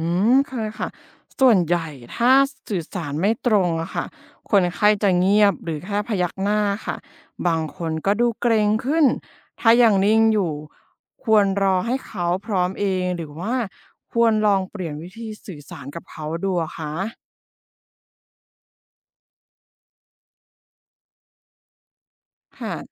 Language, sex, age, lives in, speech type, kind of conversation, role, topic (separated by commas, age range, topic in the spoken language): Thai, female, 25-29, Thailand, read, expert-assistant, asker, มีวิธีไหนบ้างที่ช่วยให้พูดคุยกับผู้ป่วยอย่างเข้าใจและสร้างความไว้วางใจได้ดีขึ้น?